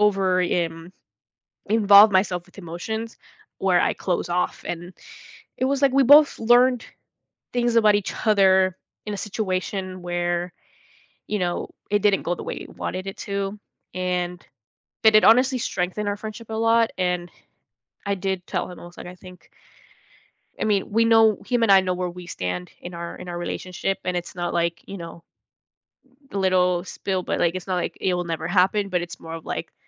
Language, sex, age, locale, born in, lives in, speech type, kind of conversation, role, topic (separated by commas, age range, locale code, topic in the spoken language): English, female, 18-19, en-US, United States, United States, conversation, podcast, guest, What influences people to keep certain aspects of themselves private?